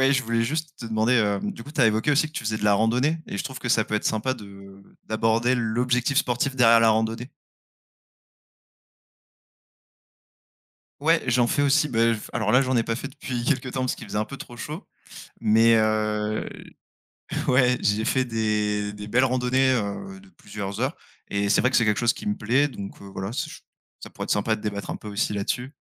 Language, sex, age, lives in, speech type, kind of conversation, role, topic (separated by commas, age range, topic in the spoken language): French, male, 25-29, France, conversation, unstructured, none, Que ressens-tu après avoir atteint un objectif sportif ?